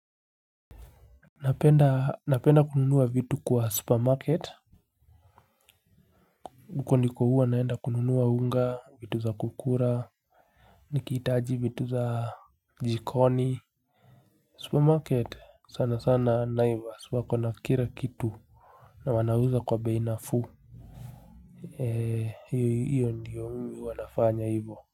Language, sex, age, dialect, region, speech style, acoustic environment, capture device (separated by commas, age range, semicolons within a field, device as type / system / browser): Swahili, male, 25-34, Kenya - Nairobi Sheng-influenced, Kenya, relaxed, nature; silence, mobile / Linux / Chrome